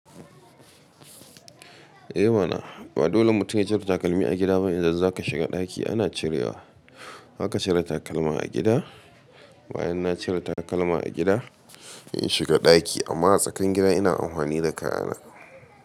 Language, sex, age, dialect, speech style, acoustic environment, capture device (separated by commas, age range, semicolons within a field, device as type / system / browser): Hausa, male, 25-34, Nigeria - Katsina, happy, silence, mobile / Linux / Chrome